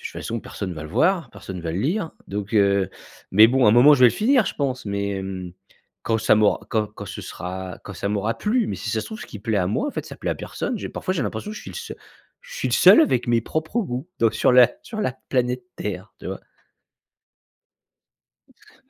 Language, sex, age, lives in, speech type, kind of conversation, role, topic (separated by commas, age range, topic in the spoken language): French, male, 45-49, France, conversation, advice, user, Quels aspects vous font douter de l’intérêt de votre produit et comment se manifeste votre syndrome de l’imposteur au quotidien ?